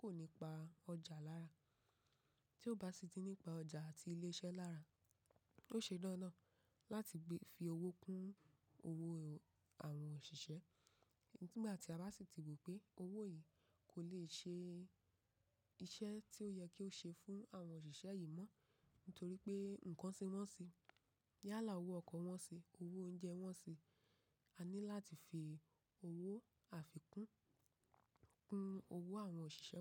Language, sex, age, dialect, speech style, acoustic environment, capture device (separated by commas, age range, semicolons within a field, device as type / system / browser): Yoruba, female, 25-34, Nigeria - Ibadan, relaxed; focused, nature, mobile / macOS / Safari